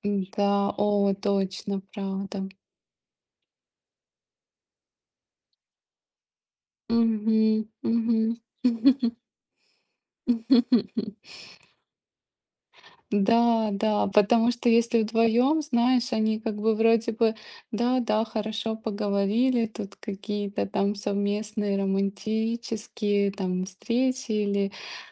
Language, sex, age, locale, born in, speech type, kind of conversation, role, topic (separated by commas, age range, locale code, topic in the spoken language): Russian, female, 35-39, ru-RU, Ukraine, conversation, unstructured, none, Можно ли простить измену и почему?